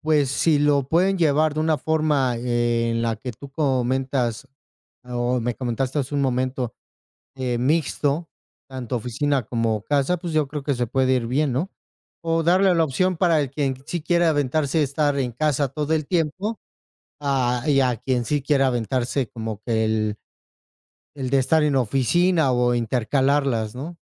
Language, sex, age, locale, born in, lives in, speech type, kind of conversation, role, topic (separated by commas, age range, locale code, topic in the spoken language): Spanish, male, 35-39, es-MX, Mexico, Mexico, conversation, unstructured, none, ¿Qué opinas sobre el teletrabajo y su futuro?